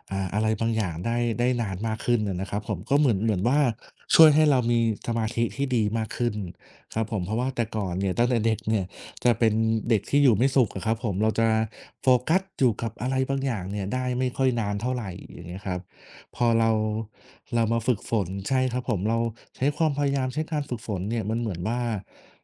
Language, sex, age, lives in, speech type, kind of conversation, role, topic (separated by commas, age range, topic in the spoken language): Thai, male, 30-34, Thailand, conversation, unstructured, none, งานอดิเรกอะไรที่ทำให้คุณรู้สึกตื่นเต้นที่สุด?